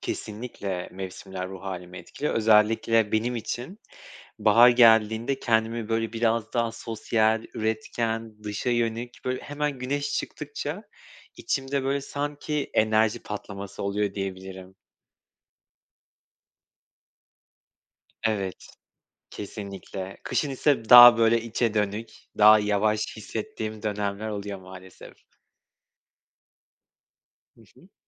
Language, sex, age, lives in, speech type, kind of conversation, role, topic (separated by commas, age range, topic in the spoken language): Turkish, male, 30-34, Poland, conversation, podcast, guest, Mevsimlerin ruh hâlimizi nasıl etkilediğini düşünüyorsun?